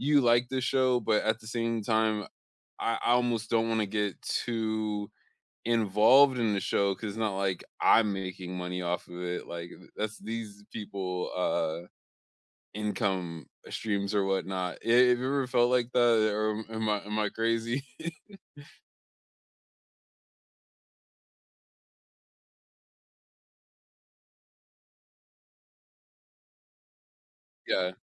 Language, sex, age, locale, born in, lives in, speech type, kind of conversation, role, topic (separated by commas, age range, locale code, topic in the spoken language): English, male, 25-29, en-US, United States, United States, conversation, unstructured, none, What do you like to know before watching a movie, and how do trailers or spoilers affect your excitement and conversations?